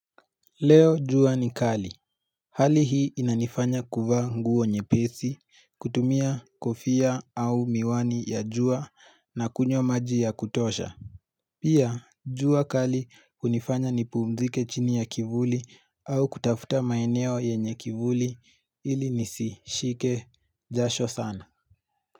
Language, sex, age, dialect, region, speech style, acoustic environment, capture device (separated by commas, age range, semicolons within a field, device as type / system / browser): Swahili, male, 18-24, Kenya - Nairobi Sheng-influenced, Kenya, happy, silence, mobile / Linux / Chrome